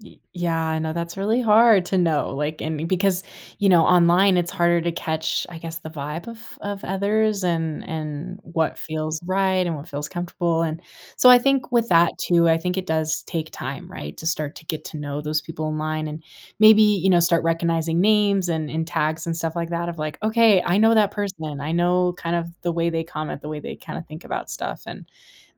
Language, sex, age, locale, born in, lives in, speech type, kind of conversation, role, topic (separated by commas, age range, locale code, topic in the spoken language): English, female, 25-29, en-US, United States, United States, conversation, advice, advisor, How can I start conversations confidently?